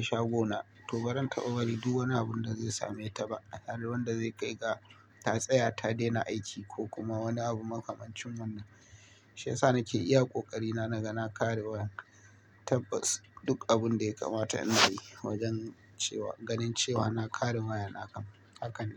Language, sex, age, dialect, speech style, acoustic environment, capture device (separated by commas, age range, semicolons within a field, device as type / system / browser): Hausa, male, 25-34, Nigeria - Kano, nervous, nature; appliances, mobile / Linux / Chrome